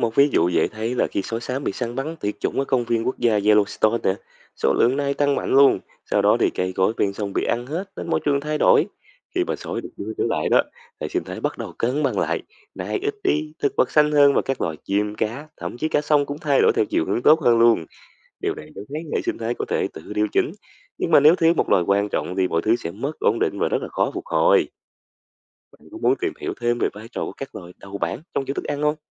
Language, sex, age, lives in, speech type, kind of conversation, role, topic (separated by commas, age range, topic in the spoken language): Vietnamese, male, 20-24, Vietnam, read, expert-assistant, expert, Ý nghĩa của việc bảo vệ động vật hoang dã đối với hệ sinh thái